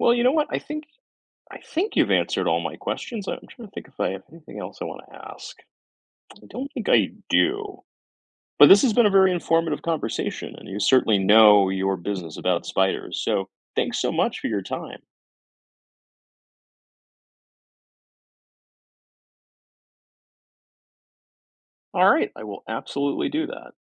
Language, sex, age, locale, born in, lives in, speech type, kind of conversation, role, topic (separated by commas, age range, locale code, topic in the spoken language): English, male, 40-44, en-US, United States, United States, read, expert-assistant, asker, How does a spider spin its web?